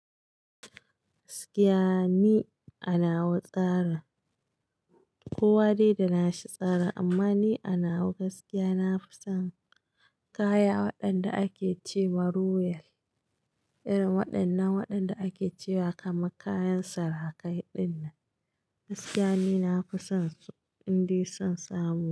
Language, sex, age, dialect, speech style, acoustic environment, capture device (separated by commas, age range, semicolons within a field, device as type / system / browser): Hausa, female, 35-44, Nigeria - Katsina, happy, construction; nature; silence, mobile / Linux / Chrome